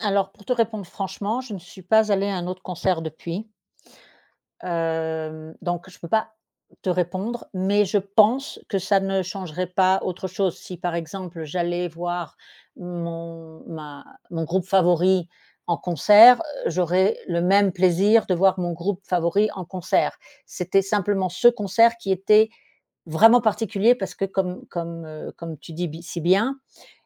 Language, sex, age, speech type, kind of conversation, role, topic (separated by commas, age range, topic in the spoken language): French, female, 50-54, conversation, podcast, guest, Quel concert t’a vraiment transformé ?